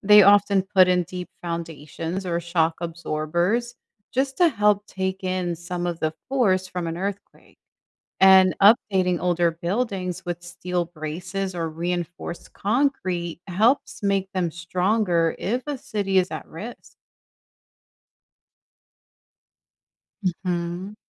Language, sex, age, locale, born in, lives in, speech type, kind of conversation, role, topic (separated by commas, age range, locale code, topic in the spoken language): English, female, 35-39, en-US, United States, United States, read, expert-assistant, expert, How do cities prepare for and respond to earthquakes?